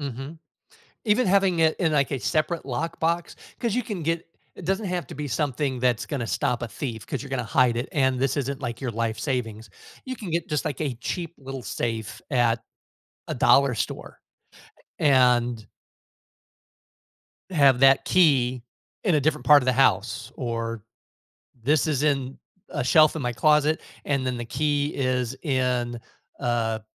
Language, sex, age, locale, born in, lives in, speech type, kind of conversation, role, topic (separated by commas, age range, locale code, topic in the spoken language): English, male, 55-59, en-US, United States, United States, conversation, podcast, guest, How does having an emergency fund help protect you from unexpected financial challenges?